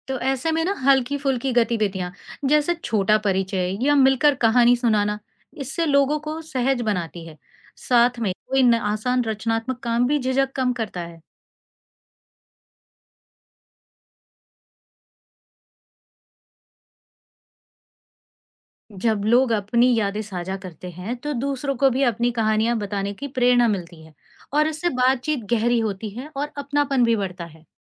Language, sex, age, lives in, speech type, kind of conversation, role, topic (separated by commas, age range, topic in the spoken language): Hindi, female, 40-44, India, read, expert-assistant, expert, अगर गाँव की कोई खास परंपरा आज की शहरी ज़िंदगी में लाई जाए, तो क्या बदलाव आ सकते हैं?